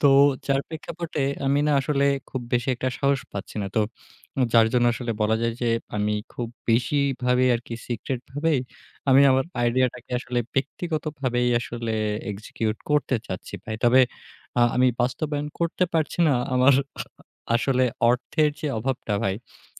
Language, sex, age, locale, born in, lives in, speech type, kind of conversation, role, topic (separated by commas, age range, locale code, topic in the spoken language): Bengali, male, 20-24, bn-BD, Bangladesh, Bangladesh, conversation, advice, user, আপনার কি এমন কোনো আইডিয়া আছে, যা প্রযুক্তি বা দক্ষতার অভাবে বাস্তবায়ন করতে পারছেন না?